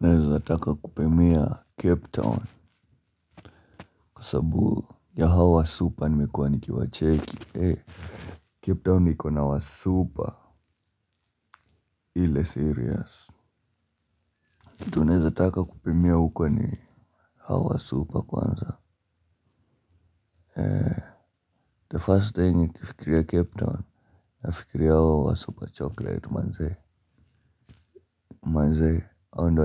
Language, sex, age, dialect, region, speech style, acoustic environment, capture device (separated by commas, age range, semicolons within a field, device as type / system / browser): Swahili, male, 18-24, Kenya - Nairobi Sheng-influenced, Kenya, relaxed, music; appliances; people talking, mobile / Linux / Chrome